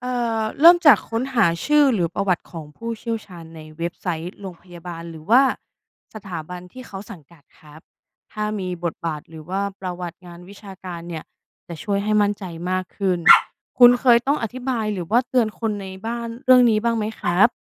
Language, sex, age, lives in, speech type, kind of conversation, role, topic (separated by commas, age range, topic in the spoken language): Thai, female, 35-39, Thailand, read, expert-assistant, expert, ในยุคที่มีข้อมูลสุขภาพออนไลน์มากมาย เราจะแยกแยะระหว่างคำแนะนำทางการแพทย์จากผู้เชี่ยวชาญจริงกับข้อมูลผิด ๆ ที่กระจายอยู่ในสื่อสังคมออนไลน์ได้อย่างไร?